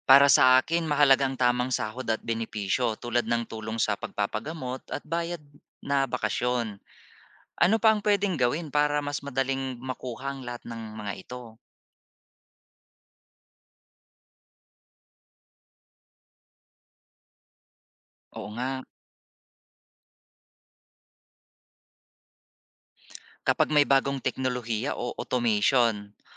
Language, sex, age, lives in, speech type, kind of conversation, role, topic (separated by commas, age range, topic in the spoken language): Filipino, male, 40-44, Philippines, read, expert-assistant, asker, Sa tingin mo ba, makakatulong talaga ang globalisasyon sa lahat ng bansa, o may mga naiiwan pa ring mahirap?